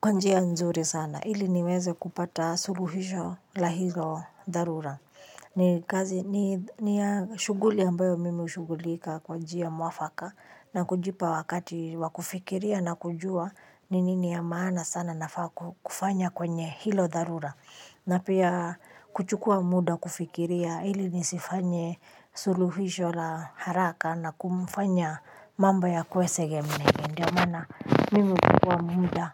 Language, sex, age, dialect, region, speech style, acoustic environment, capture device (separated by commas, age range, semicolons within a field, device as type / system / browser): Swahili, female, 35-44, Kenya - Nairobi Sheng-influenced, Kenya, happy, people talking, mobile / Linux / Chrome